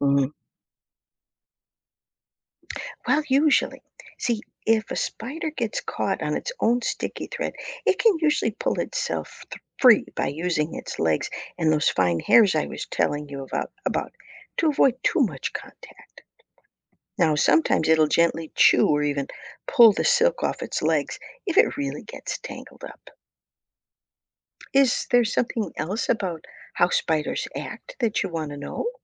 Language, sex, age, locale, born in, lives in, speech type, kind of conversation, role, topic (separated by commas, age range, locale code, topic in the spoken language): English, female, 60-64, en-US, United States, United States, read, expert-assistant, expert, How do spiders spin webs?